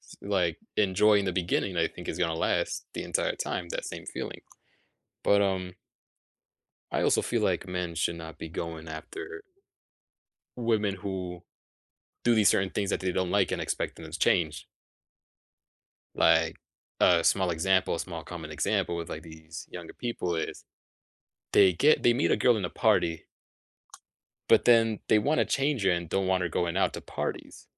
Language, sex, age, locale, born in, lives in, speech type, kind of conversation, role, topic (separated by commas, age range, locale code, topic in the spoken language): English, male, 20-24, en-US, United States, United States, conversation, podcast, host, How can mistakes help us grow and improve in life?